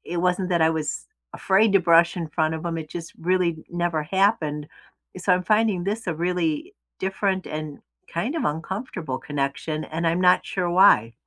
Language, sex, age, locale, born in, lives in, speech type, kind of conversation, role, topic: English, female, 65-69, en-US, United States, United States, conversation, unstructured, none, How can you create a morning routine you genuinely look forward to—and that strengthens your connections with others?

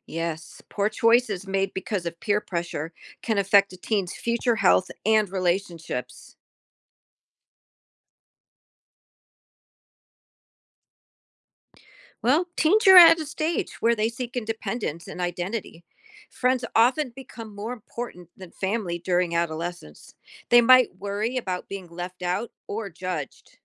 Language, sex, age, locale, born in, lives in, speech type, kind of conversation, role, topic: English, female, 55-59, en-US, Germany, United States, read, expert-assistant, expert, How does peer pressure influence teenagers?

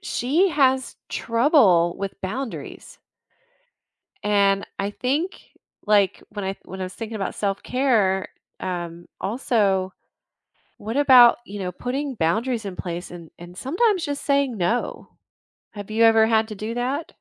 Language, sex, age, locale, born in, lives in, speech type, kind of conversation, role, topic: English, female, 50-54, en-US, United States, United States, conversation, podcast, host, Why is self-care important for maintaining overall well-being?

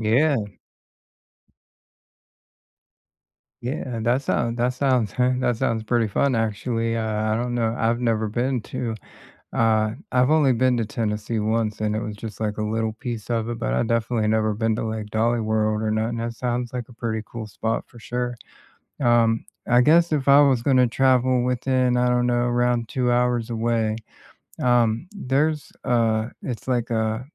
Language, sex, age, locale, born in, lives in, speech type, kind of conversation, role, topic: English, male, 40-44, en-US, United States, United States, conversation, unstructured, none, What weekend getaways within two hours do you recommend?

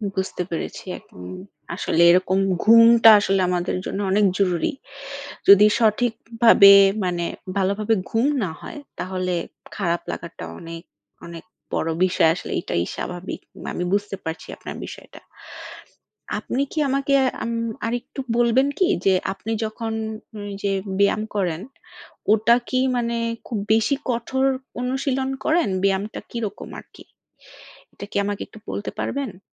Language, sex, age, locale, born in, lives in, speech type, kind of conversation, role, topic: Bengali, female, 30-34, bn-BD, Bangladesh, Bangladesh, conversation, advice, advisor, তীব্র ব্যায়ামের পরে পর্যাপ্ত ঘুম না হলে শরীর ঠিকভাবে সেরে উঠতে না পারা কেন হয়?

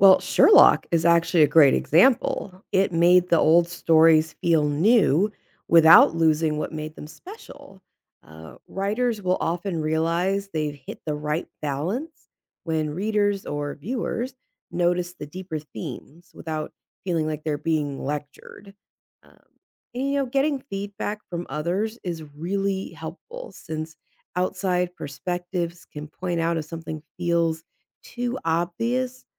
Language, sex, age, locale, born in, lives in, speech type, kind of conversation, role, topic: English, female, 35-39, en-US, United States, United States, read, expert-assistant, expert, How can classic literature be reimagined for modern audiences?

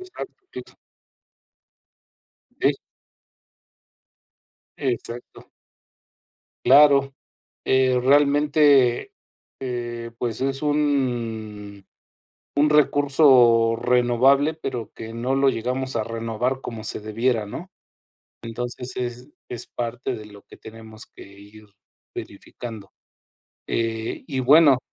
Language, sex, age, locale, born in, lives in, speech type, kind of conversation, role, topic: Spanish, male, 50-54, es-MX, Mexico, Mexico, conversation, unstructured, none, ¿Por qué es importante conservar los bosques?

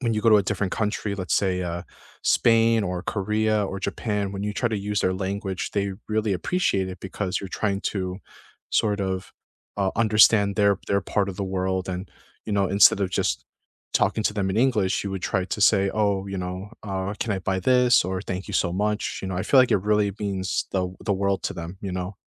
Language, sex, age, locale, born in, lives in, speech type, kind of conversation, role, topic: English, male, 25-29, en-US, United States, United States, conversation, unstructured, none, What is the best way to meet locals when you travel?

